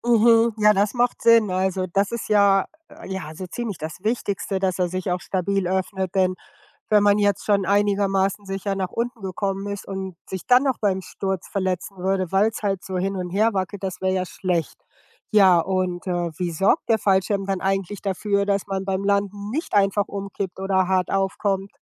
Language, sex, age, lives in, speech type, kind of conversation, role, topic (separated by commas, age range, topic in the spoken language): German, female, 55-59, United States, read, expert-assistant, asker, Wie funktioniert ein Fallschirm?